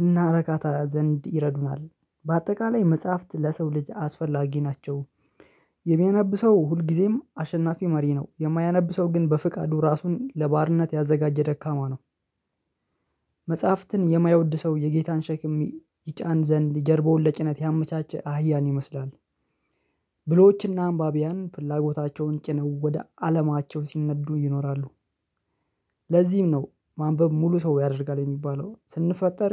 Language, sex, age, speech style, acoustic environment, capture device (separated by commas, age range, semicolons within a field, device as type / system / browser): Amharic, male, 25-34, happy, silence, mobile / Linux / Chrome